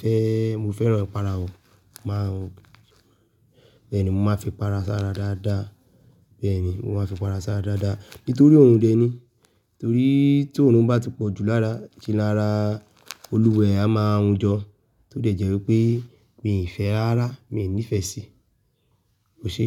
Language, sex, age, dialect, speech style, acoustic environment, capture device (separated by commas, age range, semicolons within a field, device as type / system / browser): Yoruba, male, 25-34, Nigeria - Nigerian Standard, relaxed, nature, desktop / Linux / Chrome